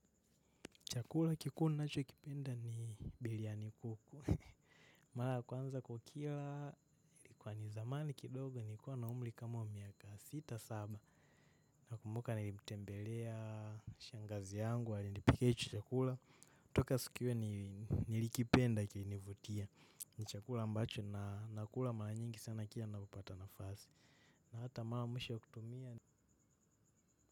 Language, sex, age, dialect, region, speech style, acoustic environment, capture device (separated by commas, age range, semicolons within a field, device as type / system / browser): Swahili, male, 18-24, Kenya - Nairobi Sheng-influenced, Kenya, happy, nature, mobile / macOS / Safari